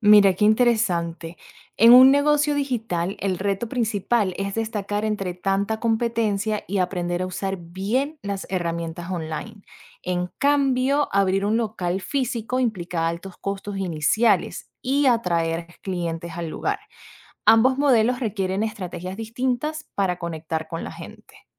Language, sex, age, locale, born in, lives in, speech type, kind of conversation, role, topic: Spanish, female, 25-29, es-VE, Venezuela, United States, read, expert-assistant, expert, ¿Cuáles son las diferencias clave entre emprender un negocio digital y uno físico?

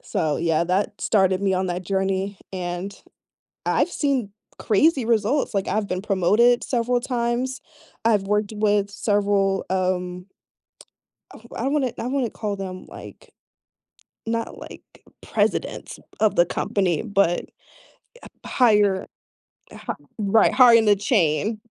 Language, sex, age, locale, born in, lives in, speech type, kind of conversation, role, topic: English, female, 25-29, en-US, United States, United States, conversation, unstructured, none, What does being authentic mean to you?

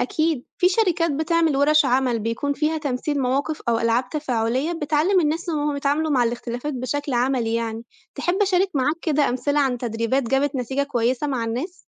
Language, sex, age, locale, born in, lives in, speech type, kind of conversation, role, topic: Arabic, female, 25-29, ar-EG, Egypt, Italy, read, expert-assistant, expert, إزاي ممكن نستفيد من قصص التعايش بين أصحاب الديانات زمان ونطبقها في حياتنا النهاردة؟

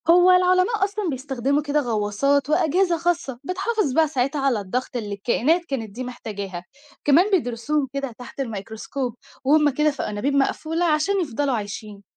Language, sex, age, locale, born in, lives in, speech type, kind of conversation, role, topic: Arabic, female, 18-19, ar-EG, Egypt, Egypt, read, expert-assistant, expert, إيه الحاجات اللي ممكن نكتشفها لو قدرنا نستكشف أعماق المحيط أكتر؟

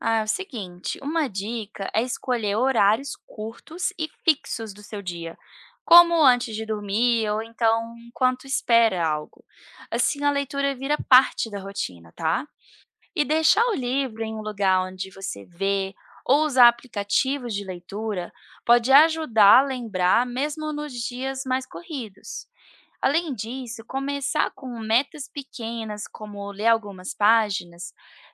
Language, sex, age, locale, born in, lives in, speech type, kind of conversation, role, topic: Portuguese, female, 30-34, pt-BR, Brazil, United States, read, expert-assistant, expert, De que forma a leitura diária pode influenciar o desenvolvimento pessoal?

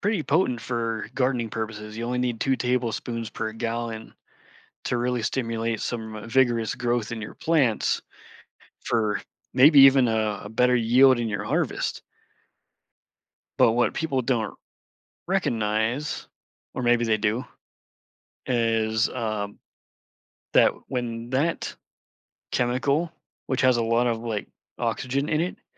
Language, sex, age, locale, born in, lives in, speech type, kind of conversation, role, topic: English, male, 40-44, en-US, United States, United States, conversation, podcast, guest, What have you learned about your hobby that most people wouldn’t expect?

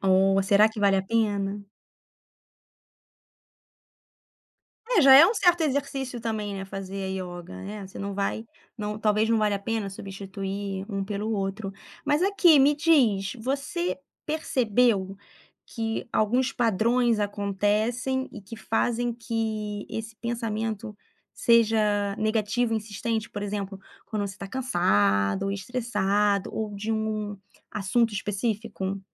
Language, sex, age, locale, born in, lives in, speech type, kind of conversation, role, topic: Portuguese, female, 35-39, pt-BR, Brazil, France, conversation, podcast, host, Como você lida com pensamentos negativos insistentes?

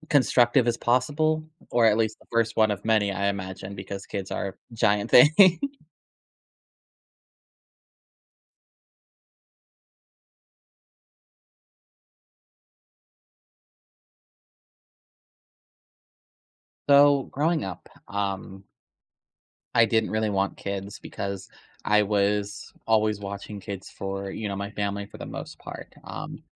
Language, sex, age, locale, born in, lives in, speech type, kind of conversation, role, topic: English, male, 25-29, en-US, United States, United States, conversation, advice, user, How can I have a calm, productive conversation with my partner about a difficult issue?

